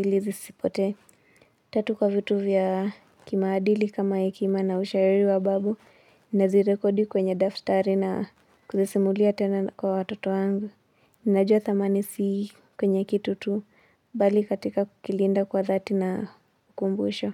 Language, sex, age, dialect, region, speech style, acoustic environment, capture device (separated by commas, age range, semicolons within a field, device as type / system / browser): Swahili, female, 25-34, Kenya - Nairobi Sheng-influenced, Kenya, relaxed, silence; appliances, mobile / Linux / Chrome